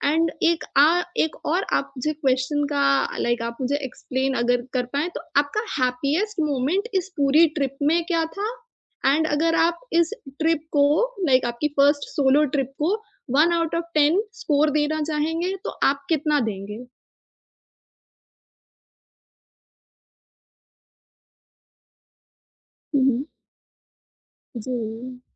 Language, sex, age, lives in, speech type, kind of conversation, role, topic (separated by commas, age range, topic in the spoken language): Hindi, female, 25-29, India, conversation, podcast, host, Solo trip ka sabse yaadgar pal tumhare liye kya tha?